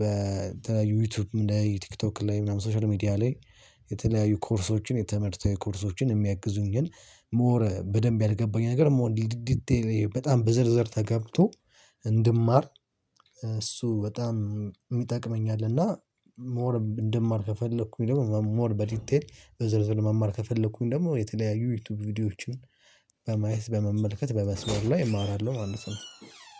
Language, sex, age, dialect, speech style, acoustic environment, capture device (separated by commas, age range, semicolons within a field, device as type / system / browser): Amharic, male, 18-24, Ethiopia - Addis Ababa, happy, people talking, mobile / Linux / Chrome